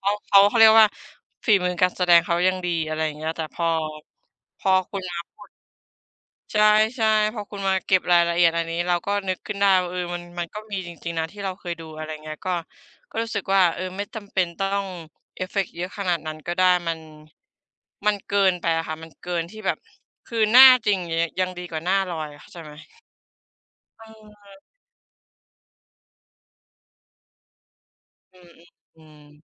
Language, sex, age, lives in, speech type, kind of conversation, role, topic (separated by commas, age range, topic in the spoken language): Thai, female, 25-29, Thailand, conversation, unstructured, none, คุณคิดอย่างไรกับการใช้เทคนิคพิเศษในภาพยนตร์มากเกินไป?